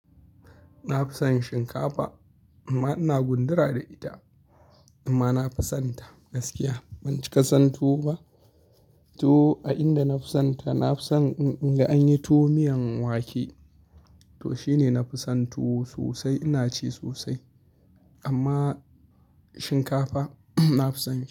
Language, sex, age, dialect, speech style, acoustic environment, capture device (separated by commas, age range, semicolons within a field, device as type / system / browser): Hausa, male, 25-34, Nigeria - Kano, happy; anxious; relaxed; tired; stressed; focused; excited; nervous, people talking; music; nature; silence; traffic; appliances; construction, mobile / Linux / Chrome